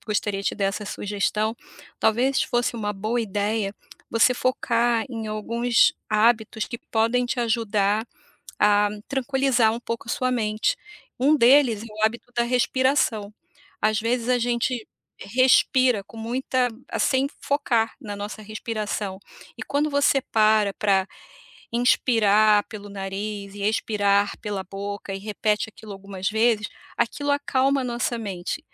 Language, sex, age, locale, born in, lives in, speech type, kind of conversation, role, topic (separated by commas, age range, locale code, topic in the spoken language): Portuguese, female, 55-59, pt-BR, Brazil, United States, conversation, advice, advisor, Como posso organizar blocos de trabalho concentrado para manter o foco por horas?